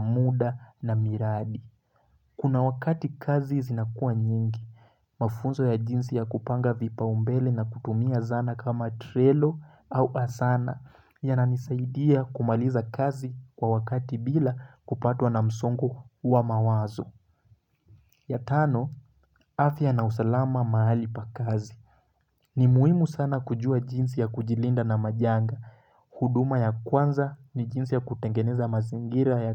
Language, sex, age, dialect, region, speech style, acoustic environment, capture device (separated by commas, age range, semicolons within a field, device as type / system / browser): Swahili, male, 18-24, Kenya - Mombasa, Kenya, relaxed, silence, mobile / Linux / Chrome